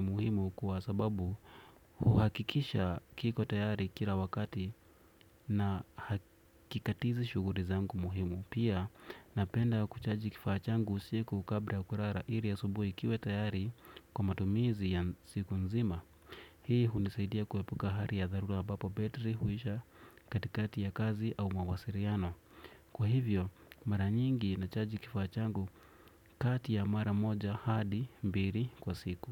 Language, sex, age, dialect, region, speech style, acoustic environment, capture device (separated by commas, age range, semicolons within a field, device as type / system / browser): Swahili, male, 25-34, Kenya - Nairobi Sheng-influenced, Kenya, relaxed; focused, silence, desktop / Windows / Edge